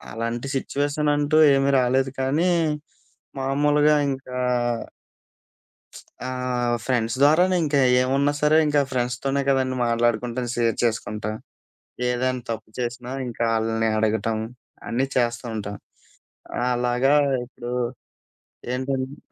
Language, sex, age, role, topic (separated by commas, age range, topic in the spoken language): Telugu, male, 25-29, guest, తప్పు చేసినప్పుడు మనస్ఫూర్తిగా క్షమాపణ చెప్పడం ఇతరులపై ఏ ప్రభావం చూపుతుంది?